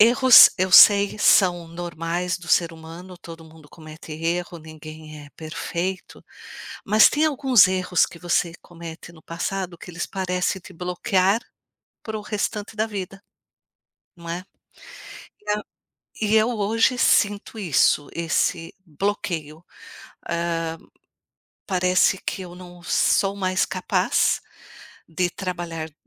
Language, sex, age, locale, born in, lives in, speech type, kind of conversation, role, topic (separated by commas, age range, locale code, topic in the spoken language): Portuguese, female, 55-59, pt-BR, Brazil, United States, conversation, advice, user, Como a ruminação sobre erros passados gera angústia em você?